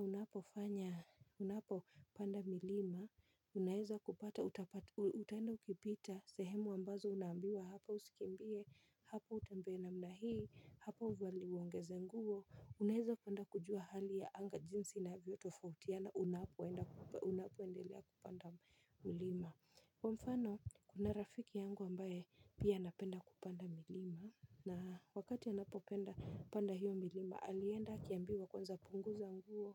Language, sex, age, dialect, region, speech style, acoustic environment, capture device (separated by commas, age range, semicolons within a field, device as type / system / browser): Swahili, female, 25-34, Kenya - Nairobi Sheng-influenced, Kenya, happy; focused, nature; silence, mobile / Linux / Chrome